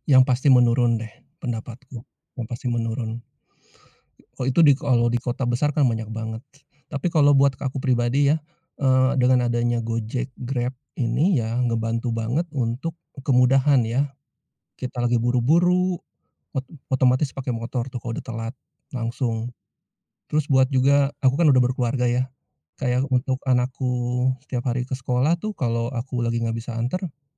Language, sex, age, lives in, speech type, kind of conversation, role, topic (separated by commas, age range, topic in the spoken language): Indonesian, male, 45-49, Indonesia, conversation, podcast, guest, Bagaimana layanan ojek daring seperti Gojek atau Grab mengubah hidup Anda?